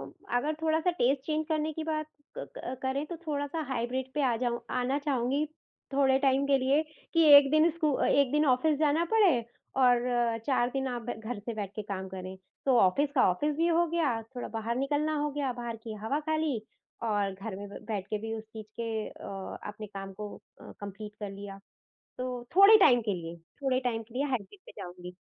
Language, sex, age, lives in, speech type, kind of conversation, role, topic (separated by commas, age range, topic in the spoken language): Hindi, female, 45-49, India, conversation, podcast, guest, Work-from-home aur family ka balance aap kaise maintain karte hain?